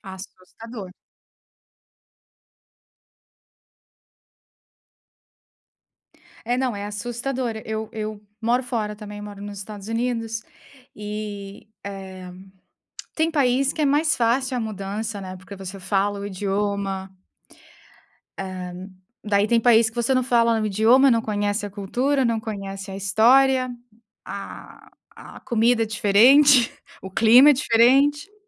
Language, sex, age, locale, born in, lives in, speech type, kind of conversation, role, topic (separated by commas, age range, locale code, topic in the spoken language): Portuguese, female, 30-34, pt-BR, Brazil, United States, conversation, unstructured, none, Como você convenceria alguém a dar o primeiro passo, mesmo com medo?